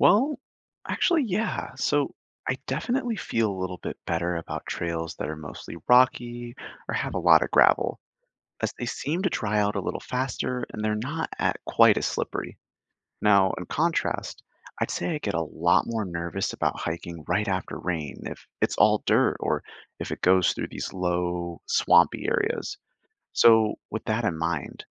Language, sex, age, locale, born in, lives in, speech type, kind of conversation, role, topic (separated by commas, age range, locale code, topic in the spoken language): English, male, 25-29, en-US, Canada, United States, read, expert-assistant, asker, What are the best sources for accurate trail conditions and honest campsite reviews before you plan a hiking or camping trip?